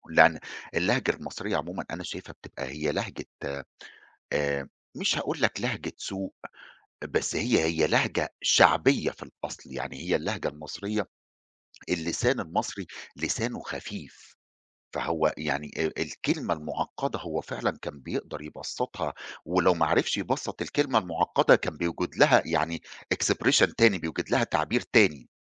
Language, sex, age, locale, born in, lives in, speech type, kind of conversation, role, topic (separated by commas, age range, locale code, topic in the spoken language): Arabic, male, 40-44, ar-EG, Egypt, Egypt, conversation, podcast, guest, إيه الكلمات اللي موجودة بس في لهجتكم ومش بنسمعها في لهجات تانية؟